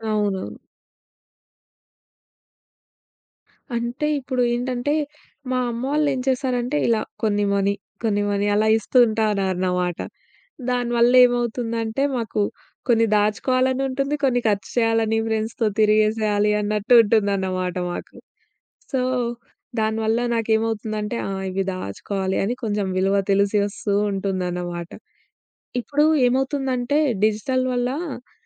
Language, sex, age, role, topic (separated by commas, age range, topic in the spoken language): Telugu, female, 20-24, guest, రోజువారీ దినచర్యకు మీకు అవసరమనిపించే యాప్‌లు ఏవి?